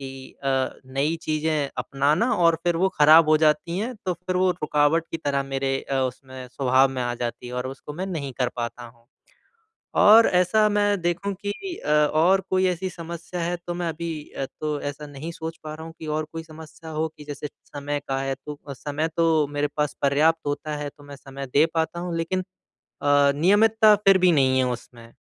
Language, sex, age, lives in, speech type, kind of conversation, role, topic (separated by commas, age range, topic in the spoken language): Hindi, male, 25-29, India, conversation, advice, user, मैं नियमित रूप से खाना बनाने की आदत कैसे बनाए रखूँ और अभ्यास कैसे जारी रखूँ?